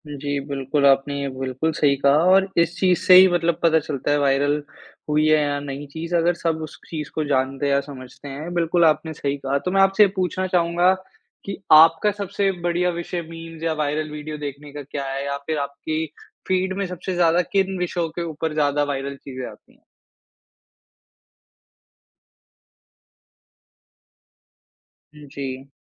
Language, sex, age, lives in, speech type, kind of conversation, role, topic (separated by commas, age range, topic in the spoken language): Hindi, male, 55-59, India, conversation, podcast, host, मेमे और वायरल वीडियो लोगों को क्यों पसंद आते हैं?